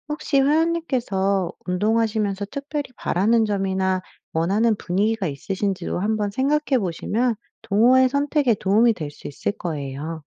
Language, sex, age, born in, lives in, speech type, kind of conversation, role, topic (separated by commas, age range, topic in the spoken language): Korean, female, 35-39, United States, United States, read, expert-assistant, expert, 운동 동호회에 참여하면 진짜 혼자 운동할 때보다 더 효과적일까요?